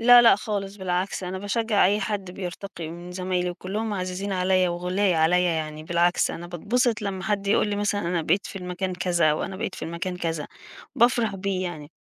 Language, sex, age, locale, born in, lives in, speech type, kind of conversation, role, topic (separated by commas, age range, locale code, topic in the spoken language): Arabic, female, 40-44, ar-EG, Egypt, Portugal, conversation, podcast, guest, إزاي تتعامل مع خوفك من إنك ترجع مبتدئ تاني؟